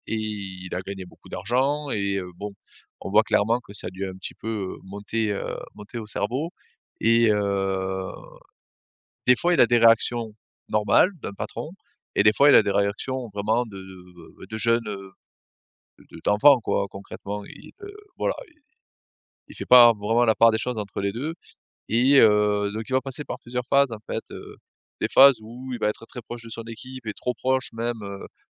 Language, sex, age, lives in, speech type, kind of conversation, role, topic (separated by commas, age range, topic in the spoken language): French, male, 35-39, France, conversation, advice, user, Comment réagir lorsque mon patron me réprimande devant l’équipe ?